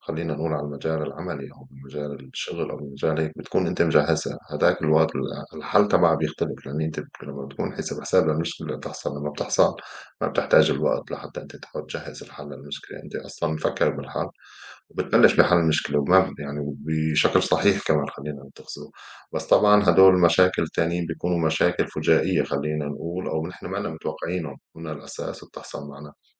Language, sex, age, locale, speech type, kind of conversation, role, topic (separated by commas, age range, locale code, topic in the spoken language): Arabic, male, 35-39, ar-SY, conversation, unstructured, none, إيه الطرق اللي بتستخدمها عشان تهدي الأمور وقت الخِناق؟